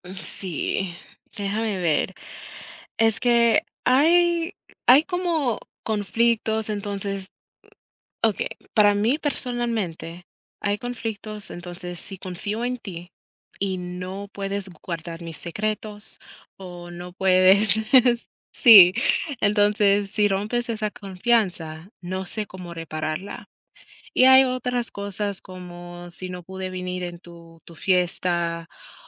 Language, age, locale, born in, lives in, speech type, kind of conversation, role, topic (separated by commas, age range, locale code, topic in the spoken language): Spanish, 35-39, es-US, United States, United States, conversation, unstructured, none, ¿Vale la pena mantener una amistad que solo genera conflictos?